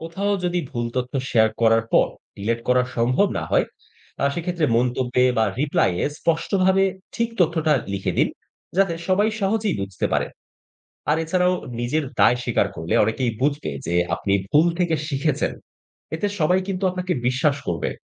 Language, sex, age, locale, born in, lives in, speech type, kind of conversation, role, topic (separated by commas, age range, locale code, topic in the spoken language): Bengali, male, 30-34, bn-BD, Bangladesh, Bangladesh, read, expert-assistant, expert, সঠিকভাবে তথ্য যাচাই করার কৌশল